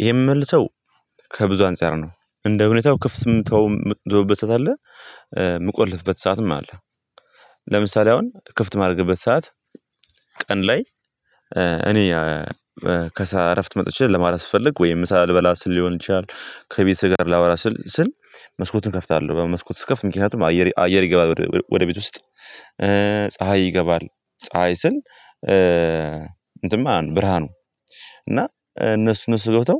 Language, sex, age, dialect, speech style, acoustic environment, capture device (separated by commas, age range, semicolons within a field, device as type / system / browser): Amharic, male, 18-24, Ethiopia - Gondar, happy; nervous; anxious, people talking; nature; silence, mobile / Linux / Chrome